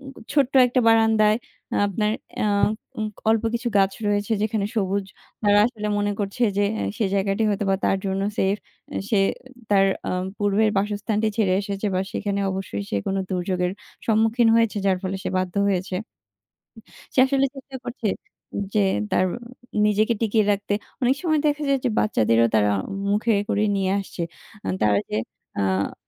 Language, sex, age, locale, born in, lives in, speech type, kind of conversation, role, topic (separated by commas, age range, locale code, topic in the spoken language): Bengali, female, 20-24, bn-BD, Bangladesh, Bangladesh, conversation, unstructured, none, পাখিদের বাসস্থান ধ্বংস হওয়ার কথা ভাবলে আপনার কেমন লাগে?